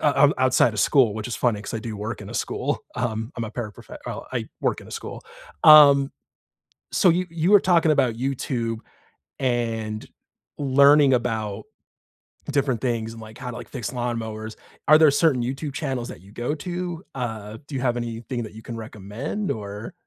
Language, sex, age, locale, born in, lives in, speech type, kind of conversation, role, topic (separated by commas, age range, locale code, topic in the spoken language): English, male, 30-34, en-US, United States, United States, conversation, unstructured, none, How do you use podcasts, YouTube, and everyday curiosity to learn outside school?